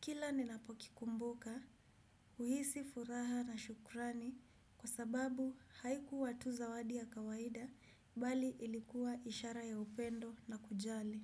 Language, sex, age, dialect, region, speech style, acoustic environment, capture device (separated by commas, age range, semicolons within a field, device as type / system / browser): Swahili, female, 25-34, Kenya - Kiambu, Kenya, relaxed, silence, desktop / Windows / Chrome